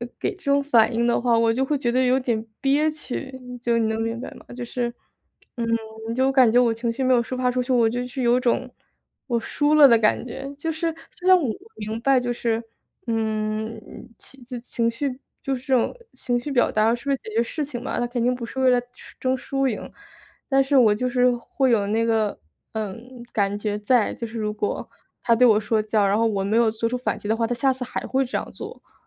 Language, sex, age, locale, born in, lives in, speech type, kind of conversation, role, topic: Chinese, female, 25-29, zh-CN, China, United States, conversation, advice, user, 我在情绪被触发时，怎样才能更好地调节自己的情绪？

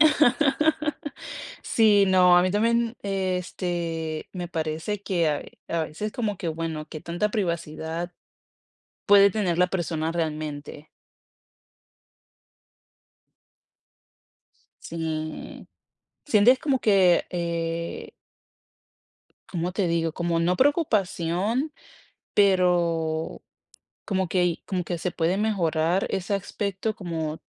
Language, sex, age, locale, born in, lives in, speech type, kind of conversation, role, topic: Spanish, female, 25-29, es-CO, Colombia, United States, conversation, podcast, host, ¿Prefieres hablar cara a cara o por mensajes?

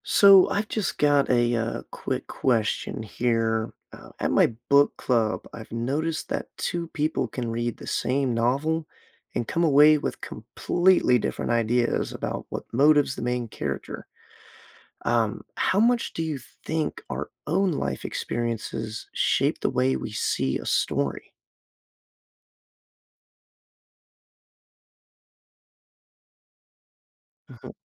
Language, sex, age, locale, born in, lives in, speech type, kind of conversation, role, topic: English, male, 25-29, en-US, United States, United States, read, expert-assistant, asker, How do life experiences affect story interpretation?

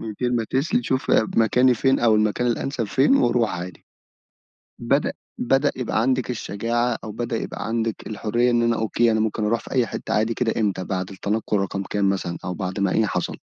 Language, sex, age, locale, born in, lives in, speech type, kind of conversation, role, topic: Arabic, male, 25-29, ar-EG, Egypt, Egypt, conversation, podcast, host, إزاي تبني شبكة دعم بعد ما تنقل لمدينة جديدة؟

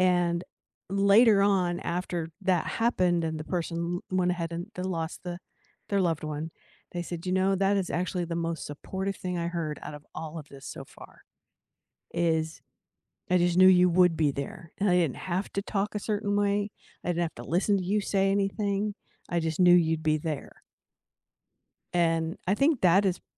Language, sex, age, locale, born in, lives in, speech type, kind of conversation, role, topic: English, female, 60-64, en-US, United States, United States, conversation, unstructured, none, What do you think people misunderstand most about grief?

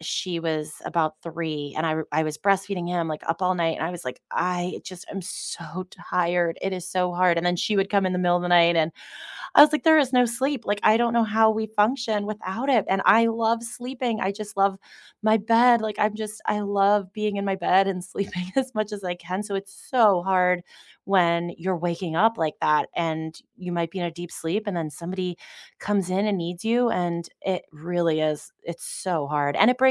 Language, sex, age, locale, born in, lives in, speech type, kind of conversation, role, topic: English, female, 35-39, en-US, United States, United States, conversation, unstructured, none, What role does sleep play in your mental health?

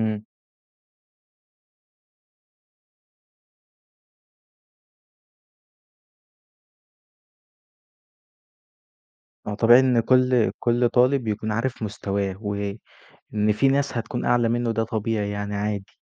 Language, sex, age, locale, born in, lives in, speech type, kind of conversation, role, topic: Arabic, male, 20-24, ar-EG, Egypt, Egypt, conversation, podcast, host, إزاي نعلّم الطلبة ما يخافوش من الفشل؟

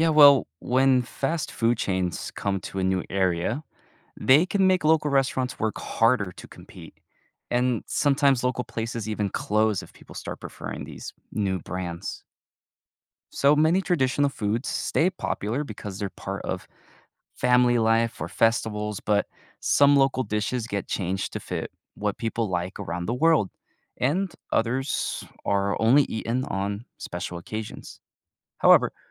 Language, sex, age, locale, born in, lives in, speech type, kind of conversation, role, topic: English, male, 20-24, en-US, United States, United States, read, expert-assistant, expert, How does globalization affect local cultural traditions?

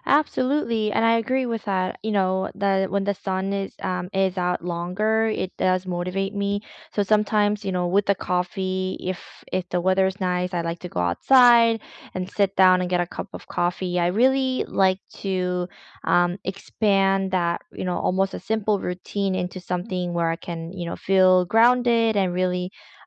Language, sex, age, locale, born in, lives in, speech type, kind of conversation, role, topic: English, female, 30-34, en-CA, South Korea, Canada, conversation, unstructured, none, What simple daily routine lifts your mood and sets a positive tone for your day?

